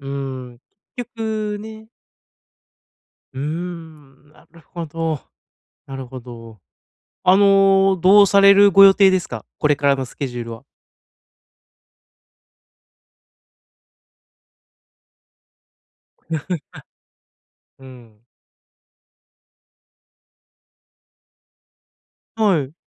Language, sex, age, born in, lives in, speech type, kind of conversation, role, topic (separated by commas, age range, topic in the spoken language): Japanese, male, 30-34, Japan, Japan, conversation, advice, advisor, 未完成の作品をどうやって仕上げればいいですか？